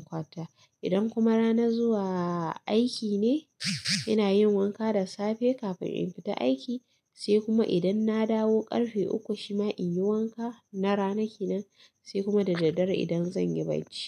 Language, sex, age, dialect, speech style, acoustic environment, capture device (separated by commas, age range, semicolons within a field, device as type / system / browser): Hausa, female, 25-34, Nigeria - Katsina, excited, silence, mobile / Linux / Chrome